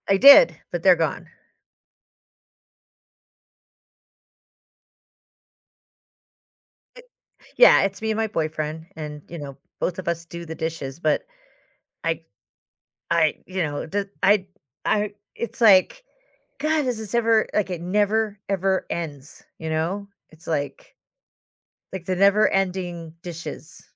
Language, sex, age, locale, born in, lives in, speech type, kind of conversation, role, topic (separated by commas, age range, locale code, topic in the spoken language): English, female, 55-59, en-US, United States, United States, conversation, advice, user, How can I be more mindful during my least present moments?